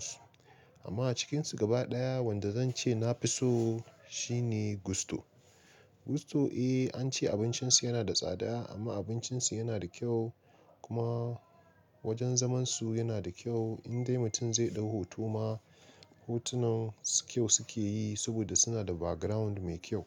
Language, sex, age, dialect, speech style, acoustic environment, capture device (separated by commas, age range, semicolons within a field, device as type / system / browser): Hausa, male, 25-34, Nigeria - Nigerian Standard, relaxed, silence, mobile / Linux / Chrome